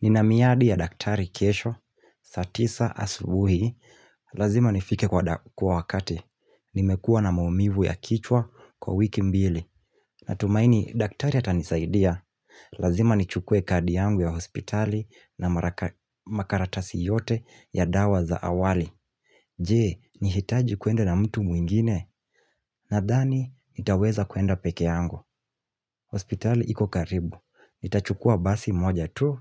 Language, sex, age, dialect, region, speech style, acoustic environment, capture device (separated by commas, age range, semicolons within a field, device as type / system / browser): Swahili, male, 18-24, Kenya - Mombasa, Kenya, relaxed, silence, mobile / Linux / Chrome